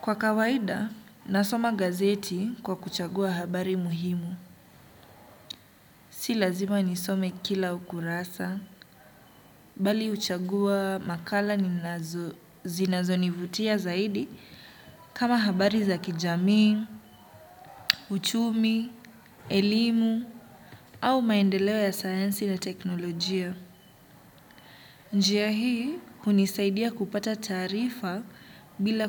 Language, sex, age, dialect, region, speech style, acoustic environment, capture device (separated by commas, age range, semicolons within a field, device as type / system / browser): Swahili, male, 25-34, Kenya - Mombasa, Kenya, happy, silence, desktop / Windows / Chrome